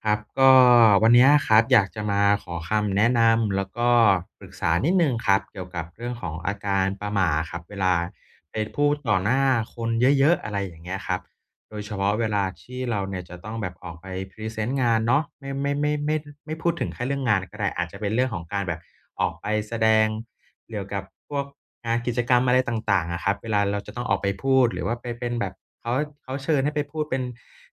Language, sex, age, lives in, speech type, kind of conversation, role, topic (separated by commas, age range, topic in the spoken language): Thai, male, 30-34, Thailand, conversation, advice, user, จะทำอย่างไรให้ความประหม่าเวลาพูดต่อหน้าคนลดลง?